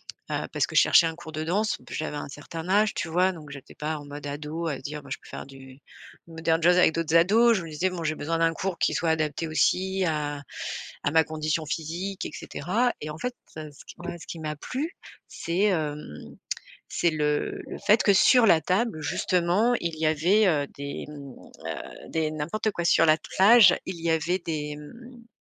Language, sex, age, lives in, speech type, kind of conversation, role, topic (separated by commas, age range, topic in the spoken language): French, female, 45-49, France, conversation, unstructured, none, Qu’est-ce qui te motive à pratiquer un loisir ?